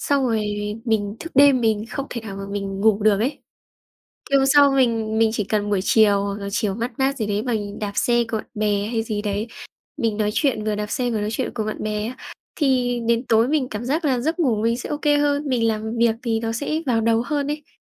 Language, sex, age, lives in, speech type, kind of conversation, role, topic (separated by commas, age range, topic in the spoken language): Vietnamese, female, 20-24, Vietnam, conversation, unstructured, none, Tập thể dục có thể giúp giảm căng thẳng như thế nào?